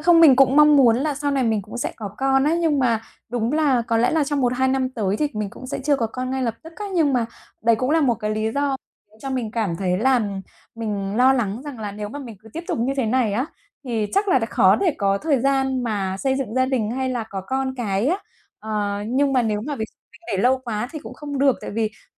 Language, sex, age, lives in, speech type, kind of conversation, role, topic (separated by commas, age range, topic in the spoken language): Vietnamese, female, 35-39, Vietnam, conversation, advice, user, Làm thế nào để ưu tiên giữa gia đình, công việc và thời gian cho bản thân?